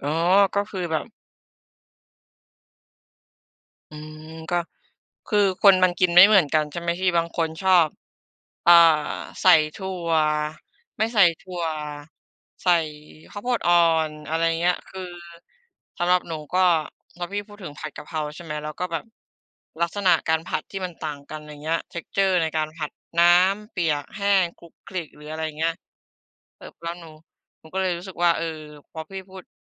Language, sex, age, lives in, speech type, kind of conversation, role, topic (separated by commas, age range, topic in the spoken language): Thai, female, 25-29, Thailand, conversation, unstructured, none, ถ้ามีโอกาส คุณอยากแนะนำเมนูอาหารอะไรให้คนอื่นลองทำเอง?